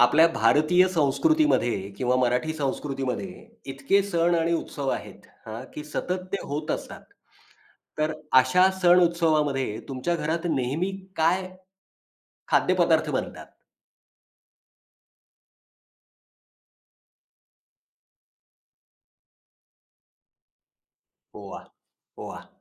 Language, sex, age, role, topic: Marathi, male, 40-44, host, सण-उत्सवात तुमच्या घरात नेहमी कोणते पदार्थ बनतात?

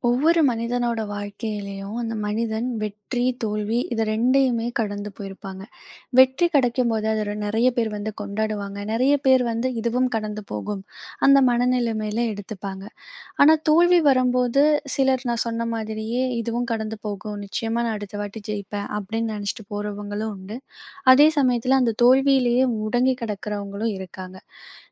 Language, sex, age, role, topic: Tamil, female, 20-24, host, ஒரு தோல்வி உங்களை எப்படிப் மாற்றியது?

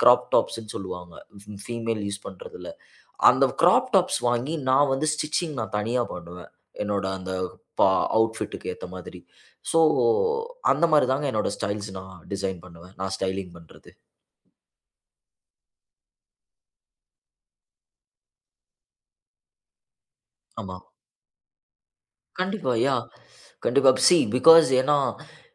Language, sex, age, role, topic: Tamil, male, 25-29, guest, உங்கள் சுய பராமரிப்பு பழக்கம் என்ன?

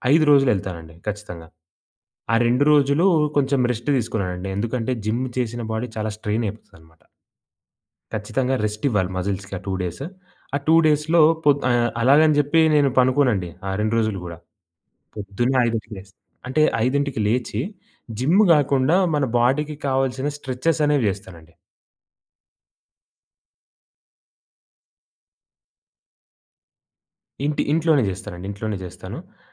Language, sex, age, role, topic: Telugu, male, 20-24, guest, రూటీన్ తప్పిపోయిన తర్వాత మళ్లీ దానిలోకి ఎలా తిరిగి వస్తారు?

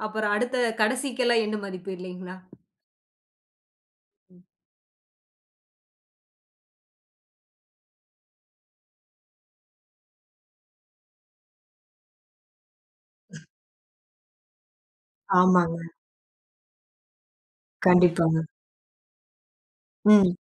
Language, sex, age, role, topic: Tamil, female, 30-34, host, இன்றைய இளம் தலைமுறைக்குப் நீங்கள் என்ன சொல்ல விரும்புகிறீர்கள்?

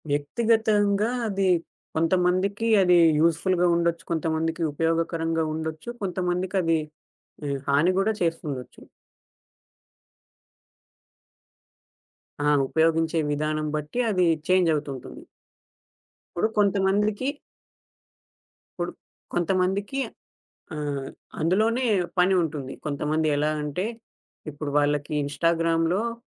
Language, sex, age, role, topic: Telugu, male, 20-24, guest, టిక్‌టాక్‌లాంటి యాప్‌లు మీ ఏకాగ్రతను పాడుచేస్తాయా?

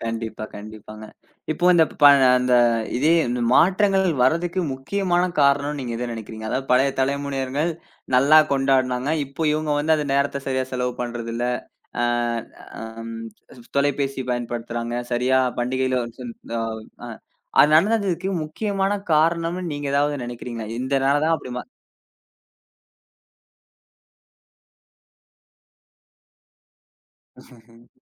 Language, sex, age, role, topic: Tamil, male, 20-24, host, பண்டிகைகள் மற்றும் குடும்ப வழக்கங்களில் தலைமுறைகளுக்கிடையிலான மாறுபாடுகள் குறித்து பேசலாமா?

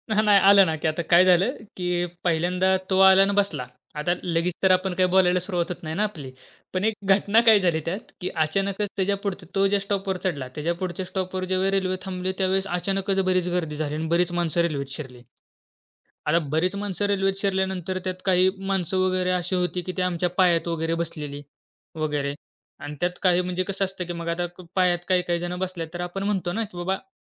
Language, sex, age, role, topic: Marathi, male, 20-24, guest, कधी एखादी अनोळखी व्यक्ती अचानक तुमची प्रवासातील सोबती बनली आहे का?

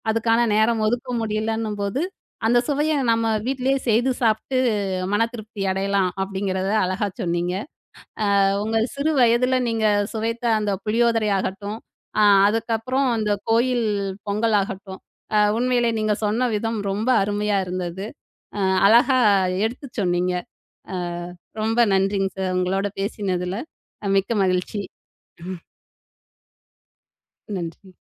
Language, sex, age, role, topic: Tamil, female, 35-39, host, இன்றுவரை உங்களுக்கு மறக்க முடியாத உணவுச் சுவை நினைவு எது?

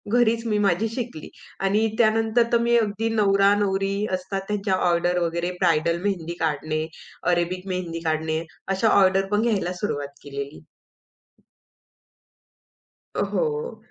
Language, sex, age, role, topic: Marathi, female, 25-29, guest, तुला आयुष्यभर शिकत राहण्याची प्रेरणा काय मिळते?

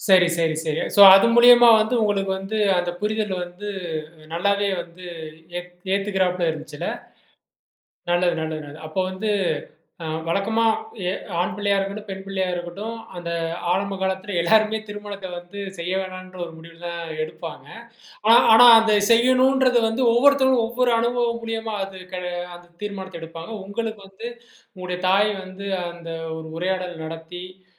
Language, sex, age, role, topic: Tamil, male, 35-39, host, திருமணம் செய்யலாமா, இல்லையா தனியாகவே இருக்கலாமா என்று யோசிக்கும்போது, உங்களுக்கு என்ன புரிகிறது?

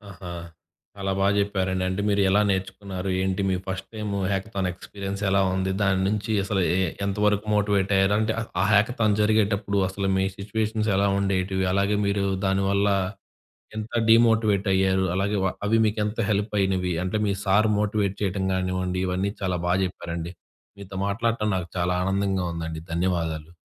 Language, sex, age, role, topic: Telugu, male, 20-24, host, మోటివేషన్ తగ్గినప్పుడు మీకు మళ్లీ ఉత్సాహం తెచ్చేది ఏమిటి?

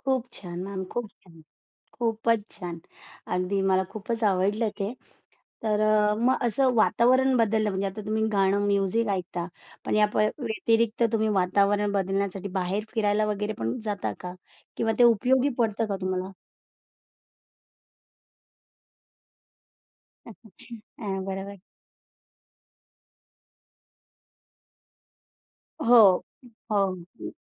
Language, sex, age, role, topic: Marathi, female, 45-49, host, कलात्मक अडथळा आल्यावर तुम्ही काय करता?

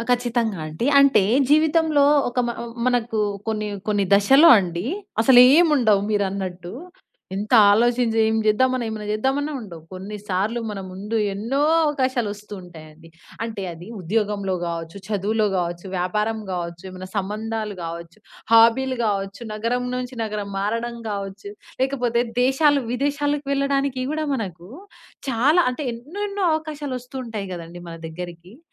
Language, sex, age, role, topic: Telugu, female, 20-24, guest, ఎక్కువ అవకాశాలు ఎదురైనప్పుడు మీ ప్రాధాన్యాలను ఎలా నిర్ణయిస్తారు?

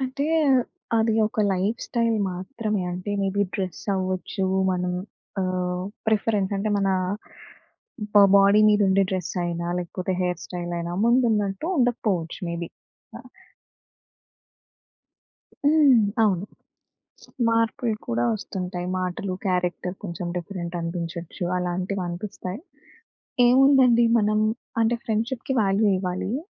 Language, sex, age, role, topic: Telugu, female, 25-29, guest, స్నేహితులతో చాలా దూరం ఏర్పడితే ఆ స్నేహాన్ని ఎలా కాపాడుకుంటారు?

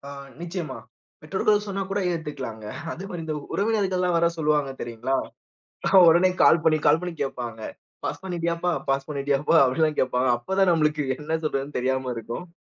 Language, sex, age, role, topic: Tamil, male, 30-34, guest, தோல்வி வந்தால் அதை நீங்கள் எப்படிக் கையாளுகிறீர்கள்?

